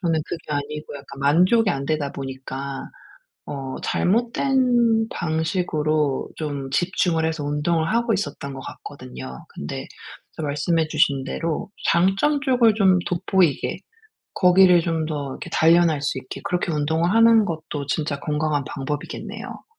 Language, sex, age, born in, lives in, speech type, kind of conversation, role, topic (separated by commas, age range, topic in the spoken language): Korean, female, 40-44, South Korea, United States, conversation, advice, user, 운동을 시작할 때 다른 사람과 비교해서 자신감이 떨어질 때는 어떻게 대처해야 하나요?